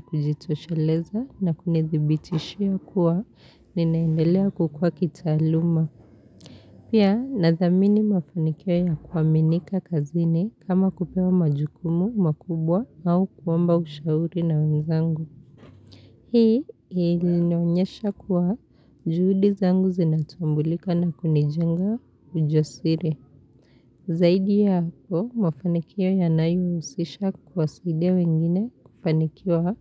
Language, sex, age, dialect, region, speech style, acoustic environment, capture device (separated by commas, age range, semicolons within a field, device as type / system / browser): Swahili, female, 25-34, Kenya - Nairobi Sheng-influenced, Kenya, happy; relaxed; focused, appliances; nature; silence; people talking, mobile / Linux / Chrome